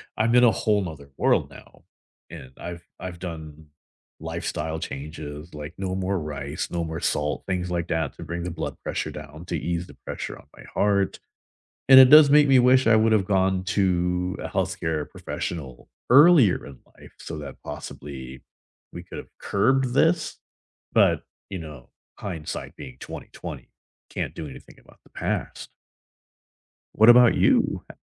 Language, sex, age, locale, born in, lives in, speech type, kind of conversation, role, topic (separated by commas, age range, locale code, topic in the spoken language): English, male, 40-44, en-US, United States, United States, conversation, unstructured, none, What scares you most about the future of your physical health?